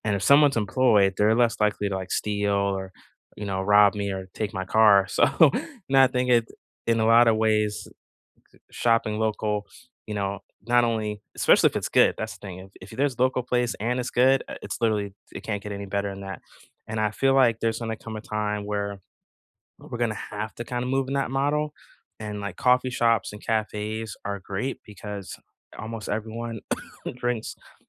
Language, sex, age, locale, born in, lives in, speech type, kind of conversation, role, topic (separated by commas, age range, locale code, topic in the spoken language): English, male, 40-44, en-US, United States, United States, conversation, unstructured, none, What are your favorite local coffee shops, and what makes them special?